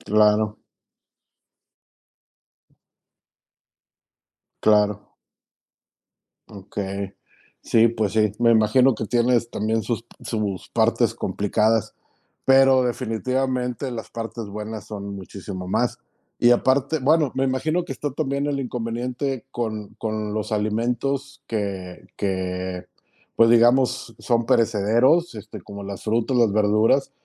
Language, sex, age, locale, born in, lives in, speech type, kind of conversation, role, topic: Spanish, male, 45-49, es-MX, Mexico, Mexico, conversation, podcast, host, ¿Cómo manejas las sobras para no desperdiciar comida?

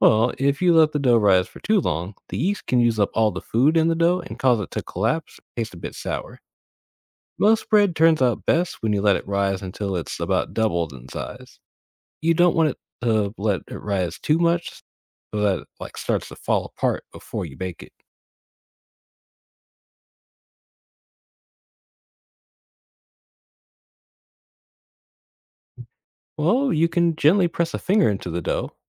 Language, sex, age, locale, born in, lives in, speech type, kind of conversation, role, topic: English, male, 25-29, en-US, United States, United States, read, expert-assistant, expert, Why does bread rise when you bake it?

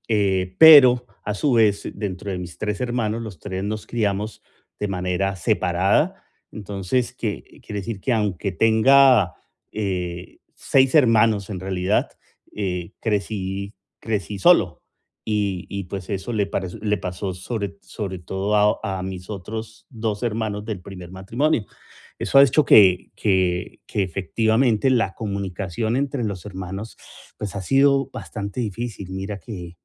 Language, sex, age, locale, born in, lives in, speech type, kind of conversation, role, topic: Spanish, male, 45-49, es-CO, Colombia, United States, conversation, advice, user, ¿Cómo puedo mejorar la comunicación con mis hermanos adultos?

